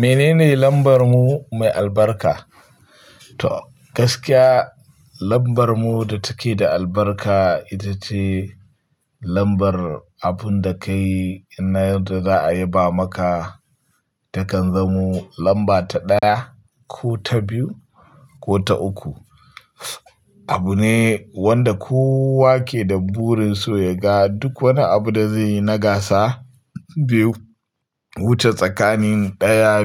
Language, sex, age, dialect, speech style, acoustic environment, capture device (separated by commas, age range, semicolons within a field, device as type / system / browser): Hausa, male, 25-34, Nigeria - Pidgin-influenced, focused, nature; silence, mobile / Linux / Chrome